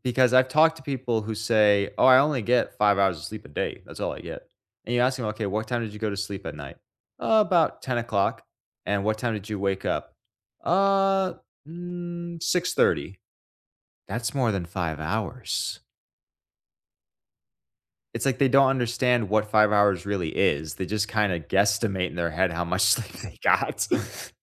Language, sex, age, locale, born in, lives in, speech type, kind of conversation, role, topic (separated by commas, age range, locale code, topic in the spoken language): English, male, 25-29, en-US, United States, United States, conversation, unstructured, none, What habits harm our physical health the most?